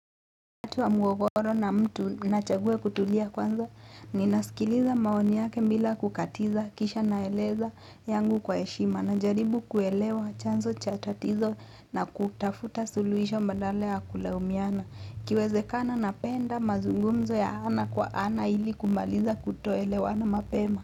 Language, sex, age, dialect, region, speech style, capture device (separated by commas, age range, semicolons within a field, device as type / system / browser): Swahili, female, 18-24, Kenya - Mombasa, Kenya, focused, mobile / Linux / Chrome